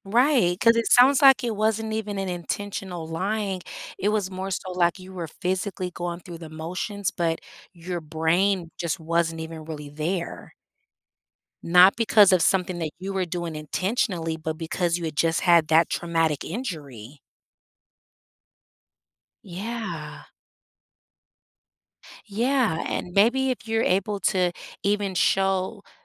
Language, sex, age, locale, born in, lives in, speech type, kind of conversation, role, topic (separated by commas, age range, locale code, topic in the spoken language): English, female, 40-44, en-US, United States, United States, conversation, advice, advisor, How can I cope with guilt after lying or bending the truth and decide whether to apologize or make amends?